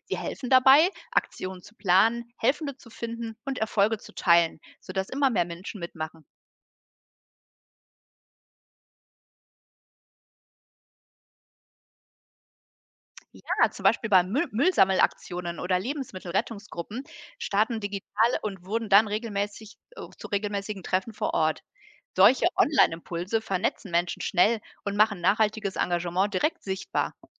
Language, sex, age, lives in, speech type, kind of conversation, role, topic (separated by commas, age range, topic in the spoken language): German, female, 45-49, Germany, read, expert-assistant, expert, Wie kann ich im Alltag nachhaltiger leben und welche kleinen Veränderungen haben den größten Einfluss auf die Umwelt?